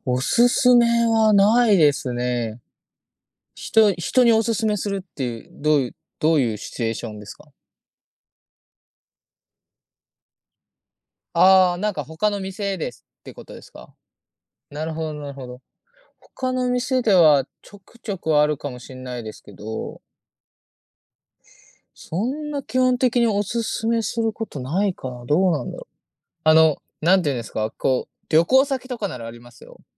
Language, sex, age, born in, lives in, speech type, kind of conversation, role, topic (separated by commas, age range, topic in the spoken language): Japanese, male, 20-24, Japan, Japan, conversation, unstructured, none, 好きな料理は何ですか？その理由は何ですか？